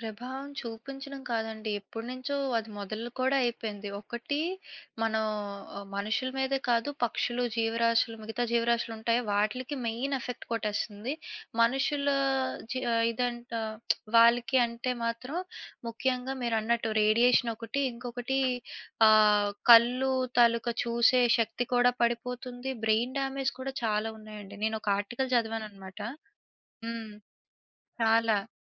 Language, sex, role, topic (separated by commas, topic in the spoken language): Telugu, female, guest, స్మార్ట్‌ఫోన్లు మరో పది సంవత్సరాల్లో ఎలా మారుతాయనిపిస్తుంది?